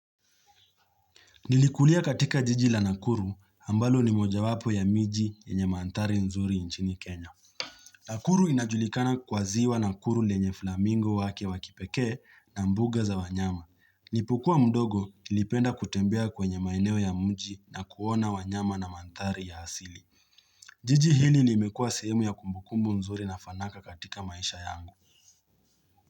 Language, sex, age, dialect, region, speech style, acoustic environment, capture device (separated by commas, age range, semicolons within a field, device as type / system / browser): Swahili, male, 25-34, Kenya - Nairobi Sheng-influenced, Kenya, happy; relaxed; focused, nature; silence, mobile / Linux / Chrome